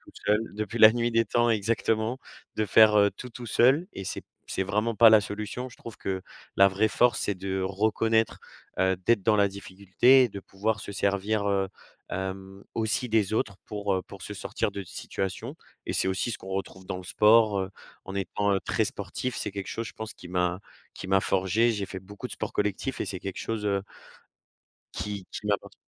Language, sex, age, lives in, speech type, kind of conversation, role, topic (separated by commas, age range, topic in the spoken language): French, male, 20-24, France, conversation, podcast, guest, Comment gères-tu ton stress au quotidien ?